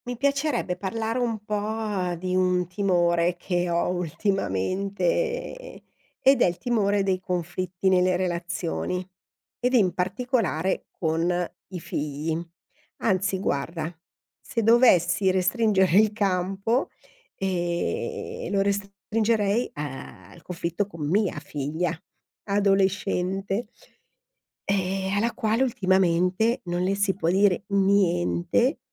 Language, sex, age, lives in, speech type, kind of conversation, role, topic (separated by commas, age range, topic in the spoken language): Italian, female, 50-54, Italy, conversation, advice, user, Come posso parlare dei problemi in una relazione senza scatenare conflitti?